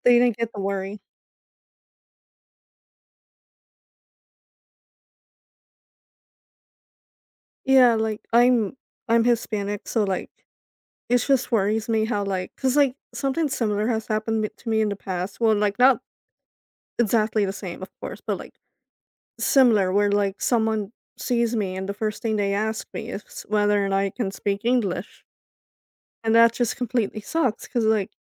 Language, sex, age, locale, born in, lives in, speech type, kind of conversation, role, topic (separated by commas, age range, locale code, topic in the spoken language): English, female, 25-29, en-US, United States, United States, conversation, advice, user, How can I manage stress without burning out?